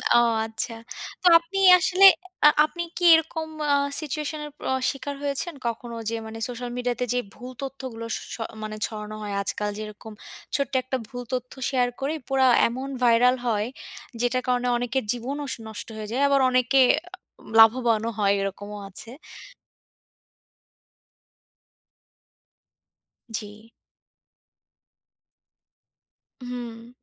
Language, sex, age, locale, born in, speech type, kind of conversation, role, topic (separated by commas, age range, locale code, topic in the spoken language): Bengali, female, 25-29, bn-BD, Bangladesh, conversation, unstructured, none, সামাজিক মাধ্যমে ছড়ানো ভুল তথ্যের প্রভাব কতটা বড়?